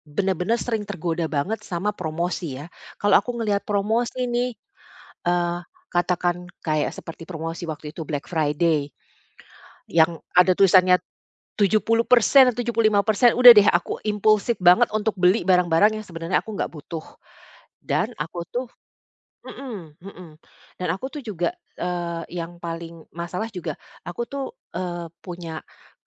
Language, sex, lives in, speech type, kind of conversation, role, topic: Indonesian, female, Netherlands, conversation, advice, user, Bagaimana cara membedakan kebutuhan dan keinginan sehari-hari agar tetap hemat tanpa merasa kekurangan?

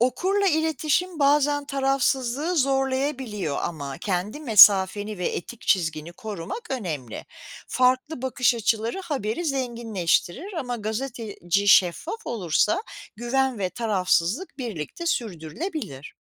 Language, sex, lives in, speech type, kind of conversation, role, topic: Turkish, female, United States, read, expert-assistant, expert, Gazetecilikte okuyucularla güçlü ve güvene dayalı bir ilişki kurmanın yolları nelerdir?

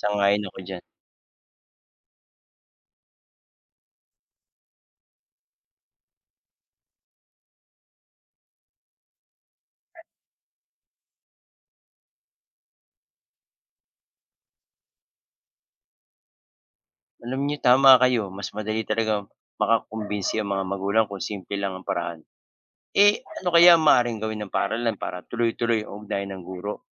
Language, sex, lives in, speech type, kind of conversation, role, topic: Filipino, male, Philippines, read, expert-assistant, asker, Paano mapipigilan ang pagkalat ng tsismis sa paaralan?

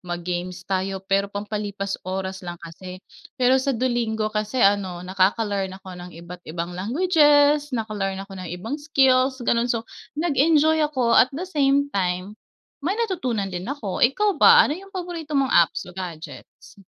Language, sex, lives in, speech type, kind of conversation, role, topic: Filipino, female, Philippines, conversation, unstructured, none, Paano nakakatulong ang teknolohiya sa araw-araw mong buhay?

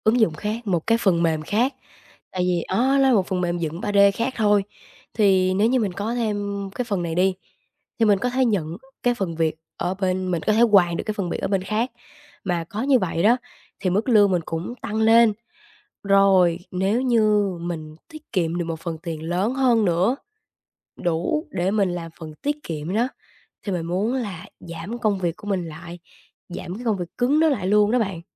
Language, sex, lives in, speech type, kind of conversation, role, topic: Vietnamese, female, Vietnam, conversation, advice, user, Làm sao để phân bổ tiền hợp lý cho các ưu tiên trong ngân sách của tôi?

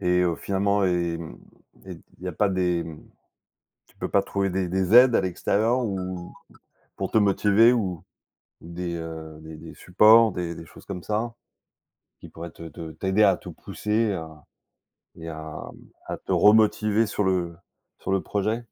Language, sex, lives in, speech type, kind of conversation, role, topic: French, male, France, conversation, advice, advisor, Comment décririez-vous votre procrastination sur un projet important dont la date limite approche ?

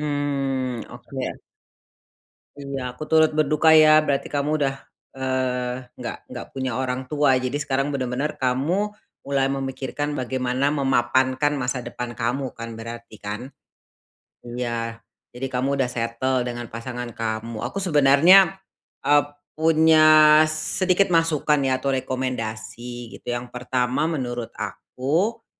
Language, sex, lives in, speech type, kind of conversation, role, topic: Indonesian, female, Indonesia, conversation, advice, advisor, Bagaimana cara mendapatkan dukungan emosional saat merasa terjebak?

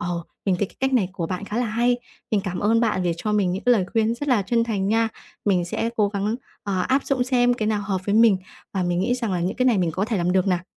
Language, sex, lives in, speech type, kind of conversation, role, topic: Vietnamese, female, Vietnam, conversation, advice, user, Làm sao tôi có thể thích nghi với vai trò mới?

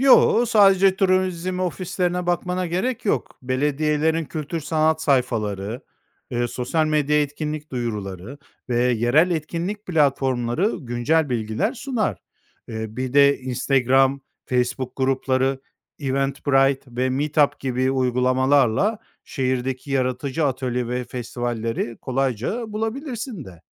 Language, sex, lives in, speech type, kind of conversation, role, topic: Turkish, male, Spain, read, expert-assistant, expert, Seyahat planı yaparken zamandan ve bütçeden tasarruf etmek için hangi yaratıcı yollar denenebilir?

